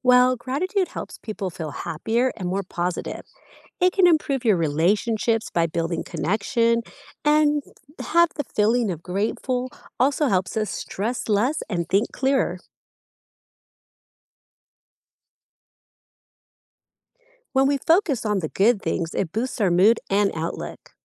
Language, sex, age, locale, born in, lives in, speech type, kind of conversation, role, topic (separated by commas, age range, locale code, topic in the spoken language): English, female, 35-39, en-US, United States, United States, read, expert-assistant, expert, What are the benefits of practicing gratitude?